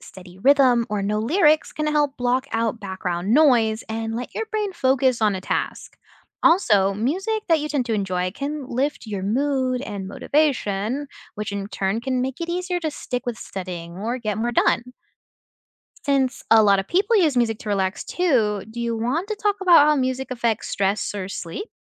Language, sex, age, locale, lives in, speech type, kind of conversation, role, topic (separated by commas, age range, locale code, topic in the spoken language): English, other, 20-24, en-US, United States, read, expert-assistant, expert, What are the psychological effects of music on the brain?